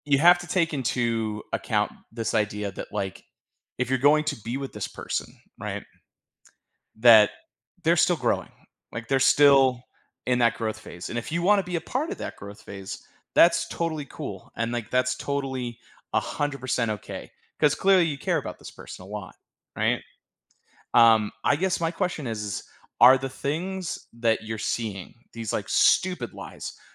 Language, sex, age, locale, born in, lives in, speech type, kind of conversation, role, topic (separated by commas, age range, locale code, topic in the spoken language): English, male, 35-39, en-US, United States, United States, conversation, advice, advisor, How can I share my feelings without starting an argument and feel more heard by my partner?